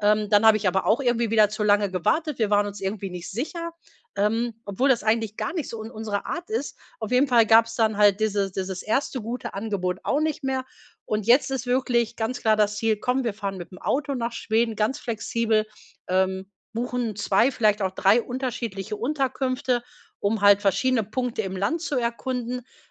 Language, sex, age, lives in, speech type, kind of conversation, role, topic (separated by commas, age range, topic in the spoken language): German, female, 45-49, Germany, conversation, advice, user, Wie plane ich eine Reise mit wenig Zeit und kleinem Budget?